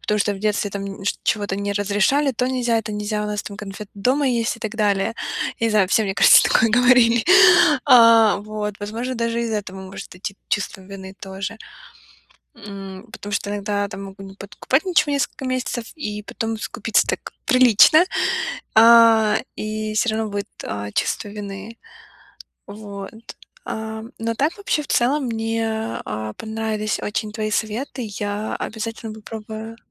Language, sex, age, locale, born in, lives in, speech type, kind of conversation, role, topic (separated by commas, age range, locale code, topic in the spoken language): Russian, female, 25-29, ru-RU, Ukraine, United States, conversation, advice, user, Какие покупки вы обычно делаете, чтобы заполнить внутреннюю пустоту или справиться со стрессом?